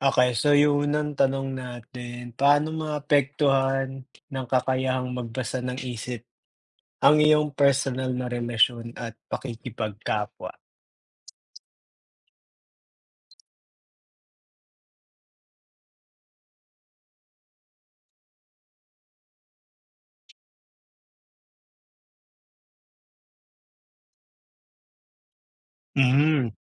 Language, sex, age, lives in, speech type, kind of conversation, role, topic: Filipino, male, 25-29, United States, conversation, unstructured, none, Mas pipiliin mo bang magkaroon ng kapangyarihang magbasa ng isip ngunit hindi makapagsalita, o makapagsalita ng anumang wika ngunit hindi makaintindi ng damdamin ng iba?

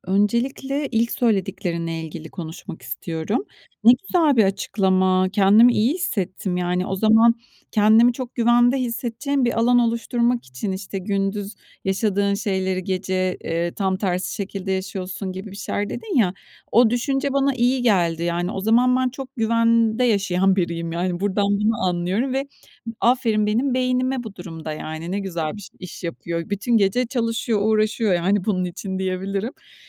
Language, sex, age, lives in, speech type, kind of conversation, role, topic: Turkish, female, 45-49, Spain, conversation, advice, user, Kâbuslar yüzünden uyku kalitem bozuluyor; ne yapabilirim?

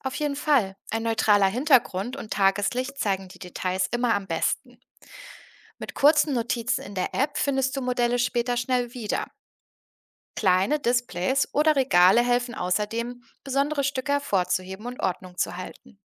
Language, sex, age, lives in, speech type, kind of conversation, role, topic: German, female, 30-34, Germany, read, expert-assistant, expert, Wie bringe ich Ordnung in meine Sammlung, ohne dass der Spaß dabei verloren geht?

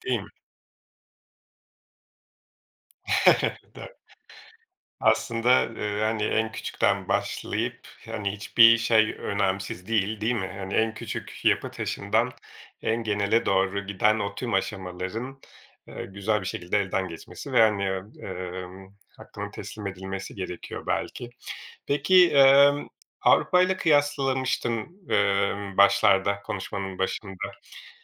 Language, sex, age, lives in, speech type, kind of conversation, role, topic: Turkish, male, 40-44, Portugal, conversation, podcast, host, Bir toplumun en önemli değeri sence nedir?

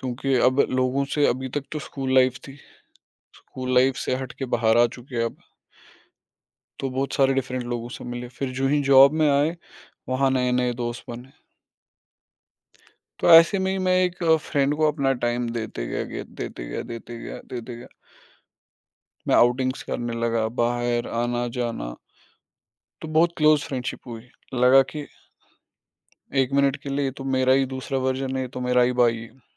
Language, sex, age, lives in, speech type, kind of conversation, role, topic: Hindi, male, 25-29, India, conversation, podcast, guest, Kab tumne apne darr ka saamna kiya?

